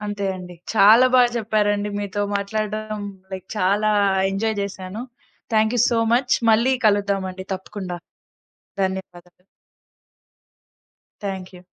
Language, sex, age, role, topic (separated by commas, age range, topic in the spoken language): Telugu, female, 20-24, host, తెలుగు సంస్కృతిని సినిమాల్లో ఎలా ప్రతిబింబిస్తారు?